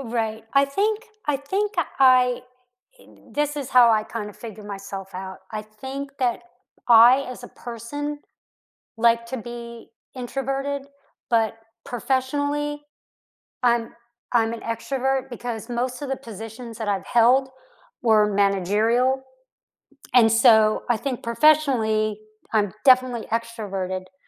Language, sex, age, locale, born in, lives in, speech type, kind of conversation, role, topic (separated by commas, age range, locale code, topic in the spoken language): English, female, 55-59, en-US, United States, United States, conversation, unstructured, none, Which childhood games or hobbies would you like to bring back into your adult life, and why now?